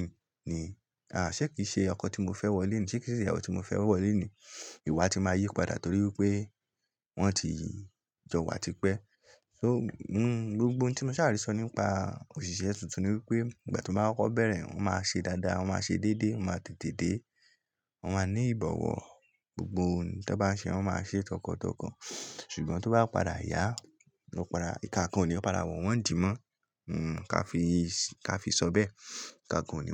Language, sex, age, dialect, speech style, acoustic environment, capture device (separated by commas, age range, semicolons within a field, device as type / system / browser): Yoruba, male, 18-24, Nigeria - Lagos Yoruba, happy; relaxed, nature, mobile / Linux / Chrome